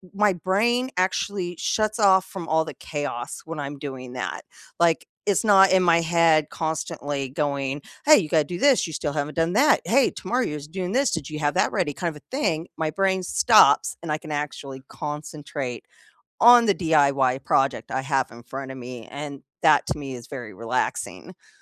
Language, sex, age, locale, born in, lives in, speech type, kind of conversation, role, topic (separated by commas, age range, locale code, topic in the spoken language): English, female, 45-49, en-US, United States, United States, conversation, unstructured, none, How do your weekend DIY projects and family meals bring everyone together?